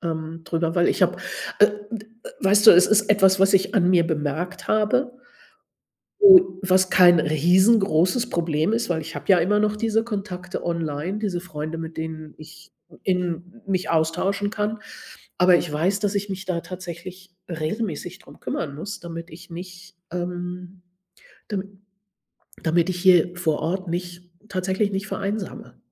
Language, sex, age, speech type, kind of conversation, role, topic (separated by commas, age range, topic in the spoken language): German, female, 60-64, conversation, advice, user, Warum fällt es dir als Erwachsener schwer, neue Freunde zu finden?